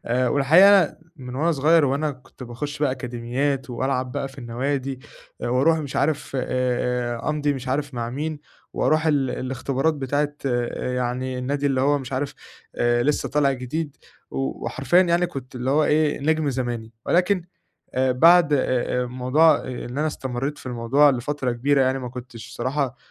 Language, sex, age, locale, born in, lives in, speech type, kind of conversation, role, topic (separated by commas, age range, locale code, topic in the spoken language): Arabic, male, 20-24, ar-EG, Egypt, Egypt, conversation, advice, user, إزاي أقدر أكمل حياتي بعد انتكاسة مفاجئة؟